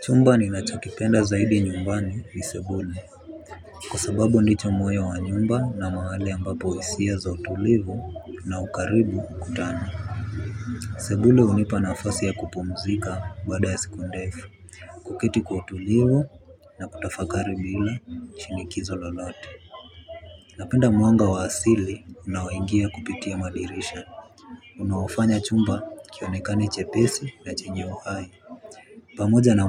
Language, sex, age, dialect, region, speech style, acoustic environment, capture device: Swahili, male, 18-24, Kenya - Nairobi Sheng-influenced, Kenya, relaxed; focused, people talking; traffic, mobile / Linux / Chrome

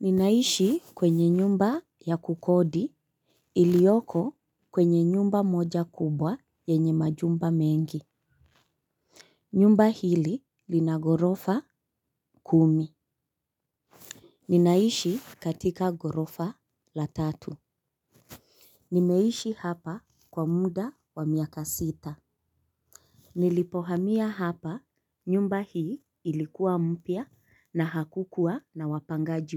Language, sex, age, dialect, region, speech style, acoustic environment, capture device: Swahili, female, 25-34, Kenya - Mombasa, Kenya, relaxed, construction; nature, mobile / Linux / Chrome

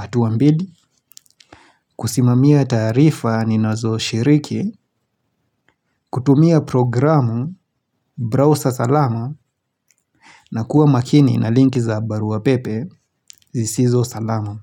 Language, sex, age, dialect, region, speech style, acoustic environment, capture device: Swahili, male, 25-34, Kenya - Nairobi Sheng-influenced, Kenya, relaxed; happy; focused, silence, mobile / Linux / Chrome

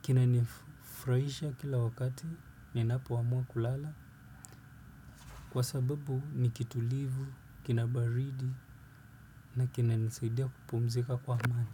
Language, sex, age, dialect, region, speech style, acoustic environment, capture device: Swahili, male, 25-34, Kenya - Nairobi Sheng-influenced, Kenya, relaxed, silence, desktop / Windows / Chrome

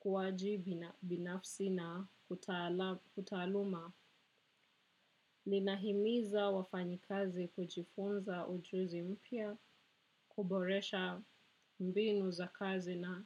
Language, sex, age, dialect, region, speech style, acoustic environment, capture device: Swahili, female, 25-34, Kenya - Nairobi Sheng-influenced, Kenya, happy, people talking, desktop / macOS / Chrome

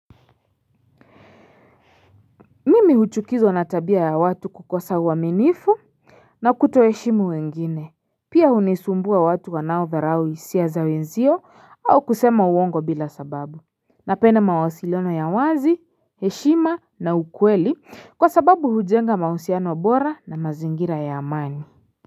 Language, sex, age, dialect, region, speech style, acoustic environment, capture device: Swahili, female, 25-34, Kenya - Nairobi Sheng-influenced, Kenya, relaxed; excited, silence, mobile / Linux / Chrome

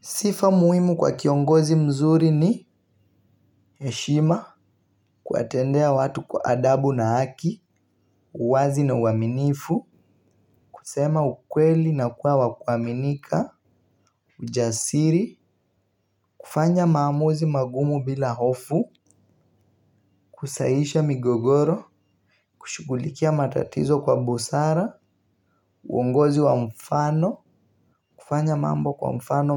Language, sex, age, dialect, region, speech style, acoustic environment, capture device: Swahili, male, 18-24, Kenya - Mombasa, Kenya, relaxed, music, mobile / Linux / Chrome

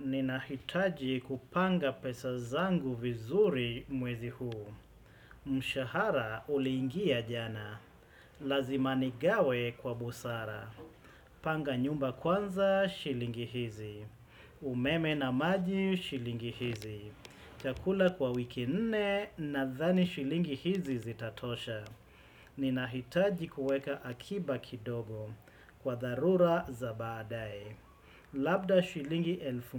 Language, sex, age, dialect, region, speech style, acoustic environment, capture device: Swahili, male, 35-44, Kenya - Mombasa, Kenya, relaxed, silence, desktop / Windows / Chrome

